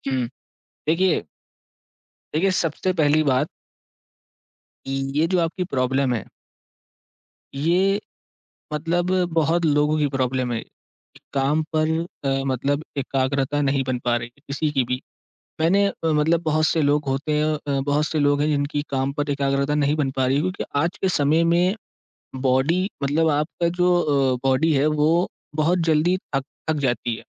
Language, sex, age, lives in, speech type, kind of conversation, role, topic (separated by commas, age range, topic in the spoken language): Hindi, male, 18-19, India, conversation, advice, advisor, आप काम पर गहरी एकाग्रता क्यों नहीं बना पा रहे हैं?